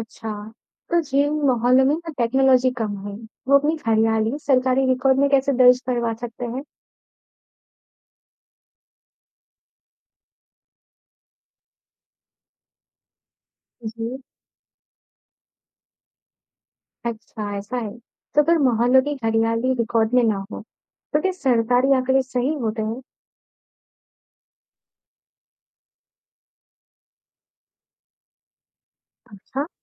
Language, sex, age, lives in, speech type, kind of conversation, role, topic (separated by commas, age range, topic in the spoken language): Hindi, male, 55-59, India, read, expert-assistant, asker, शहरों के ग्रीन स्पेस (हरित क्षेत्र) के आंकड़ों में अक्सर अंतर क्यों पाया जाता है? किस स्रोत पर ज्यादा भरोसा किया जाए?